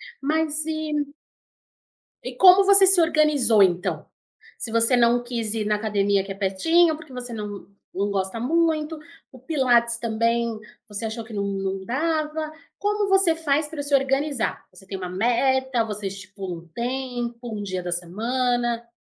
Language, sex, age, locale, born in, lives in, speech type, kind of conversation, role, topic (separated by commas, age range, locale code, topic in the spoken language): Portuguese, female, 30-34, pt-BR, Brazil, Portugal, conversation, podcast, host, Como você mantém a motivação para se exercitar?